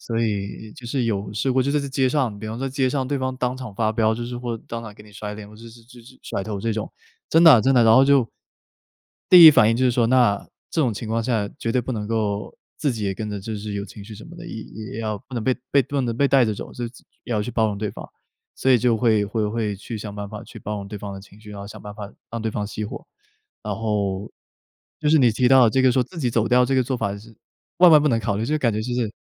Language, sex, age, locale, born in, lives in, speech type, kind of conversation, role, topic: Chinese, male, 25-29, zh-CN, China, United States, conversation, podcast, guest, 你在恋爱关系中通常如何表达自己的不舒服或不满？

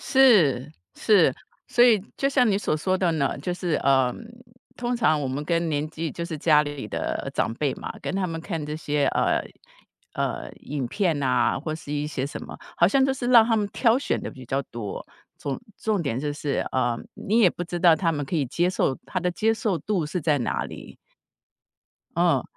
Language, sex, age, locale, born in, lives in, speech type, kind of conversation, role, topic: Chinese, female, 55-59, zh-TW, Taiwan, United States, conversation, podcast, host, 随着年龄增长，你的观影习惯发生了哪些变化？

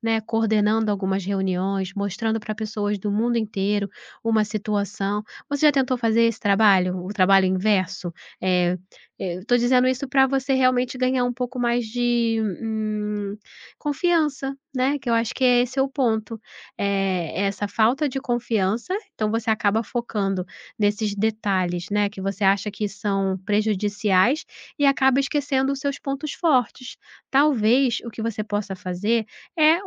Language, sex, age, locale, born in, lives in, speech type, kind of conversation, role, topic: Portuguese, female, 35-39, pt-BR, Brazil, Portugal, conversation, advice, advisor, Como você se sente quando a ansiedade toma conta antes de uma apresentação?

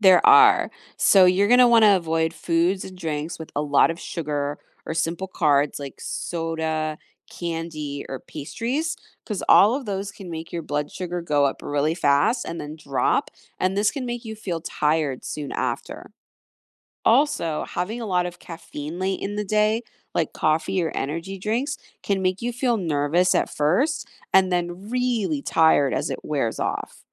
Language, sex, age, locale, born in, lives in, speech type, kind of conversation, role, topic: English, female, 40-44, en-US, United States, United States, read, expert-assistant, expert, How does the food we eat affect our energy and mood?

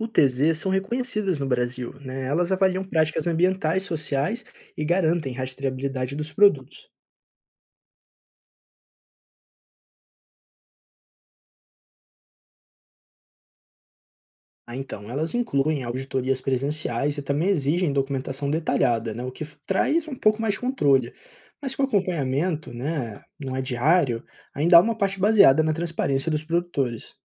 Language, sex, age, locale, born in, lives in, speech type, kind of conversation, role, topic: Portuguese, male, 25-29, pt-BR, Brazil, Portugal, read, expert-assistant, expert, Falam tanto de agricultura sustentável, mas vejo opiniões bem diferentes sobre o que isso significa na prática. O que é, de fato, uma lavoura sustentável no Brasil?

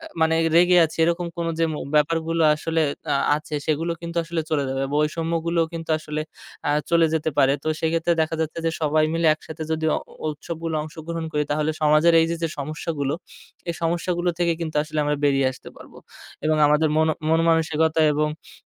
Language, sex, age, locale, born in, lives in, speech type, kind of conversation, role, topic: Bengali, male, 25-29, bn-BD, Bangladesh, Bangladesh, conversation, podcast, guest, স্থানীয় অনুষ্ঠানে অংশ নেওয়ার উপকারিতা কী?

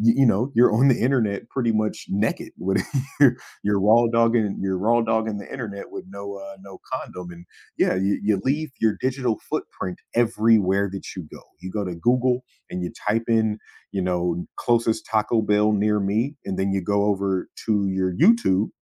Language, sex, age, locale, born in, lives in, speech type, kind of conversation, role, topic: English, male, 30-34, en-US, United States, United States, conversation, podcast, guest, How does technology change learning?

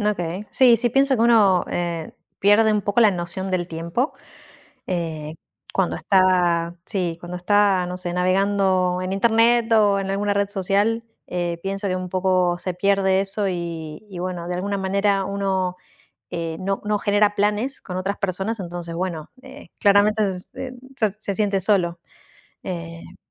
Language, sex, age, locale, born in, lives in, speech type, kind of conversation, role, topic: Spanish, female, 35-39, es-AR, Argentina, Netherlands, conversation, unstructured, none, ¿Alguna vez has sentido que la tecnología te hace sentir solo?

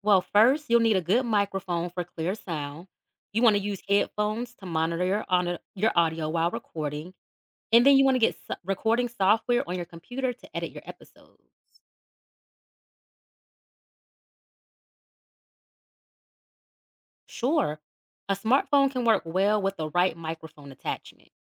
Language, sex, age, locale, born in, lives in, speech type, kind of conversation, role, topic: English, female, 35-39, en-US, United States, United States, read, expert-assistant, expert, What are the steps to create a successful podcast?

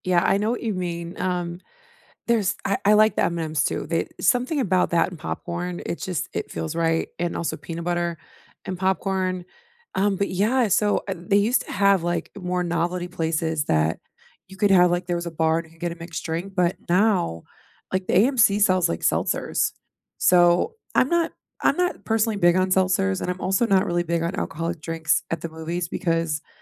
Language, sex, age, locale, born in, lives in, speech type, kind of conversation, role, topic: English, female, 35-39, en-US, United States, United States, conversation, unstructured, none, What are your go-to movie snacks, and what is your ultimate movie theater food combo?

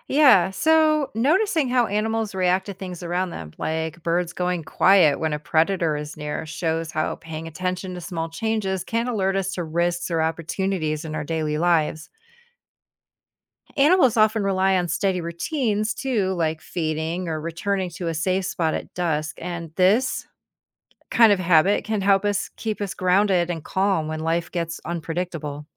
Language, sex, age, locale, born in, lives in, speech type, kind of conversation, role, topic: English, female, 50-54, en-US, United States, United States, read, expert-assistant, expert, What can humans learn from animals?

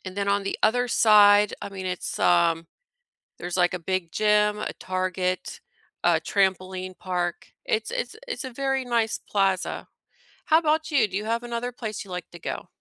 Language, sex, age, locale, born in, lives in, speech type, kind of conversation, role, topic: English, female, 40-44, en-US, United States, United States, conversation, unstructured, none, Which nearby outdoor place feels like yours, and what makes it special or meaningful to you?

